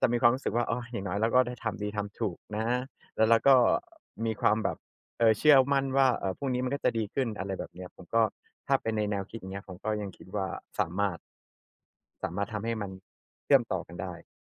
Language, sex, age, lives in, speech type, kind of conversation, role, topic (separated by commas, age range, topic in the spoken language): Thai, male, 30-34, Thailand, conversation, unstructured, none, คุณเคยรู้สึกไหมว่าศาสนาทำให้คนในสังคมแบ่งแยกกัน?